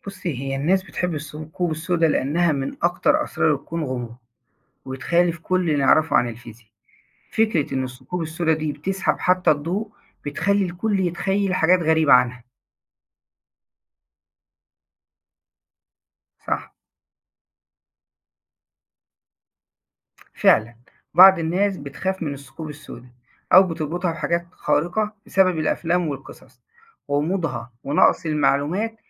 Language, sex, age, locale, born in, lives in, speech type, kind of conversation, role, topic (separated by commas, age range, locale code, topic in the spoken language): Arabic, male, 40-44, ar-EG, Egypt, Egypt, read, expert-assistant, expert, ليه الناس عندها فضول كبير عن الثقوب السودا؟ وهل النظرة ليها بتختلف من مجتمع للتاني؟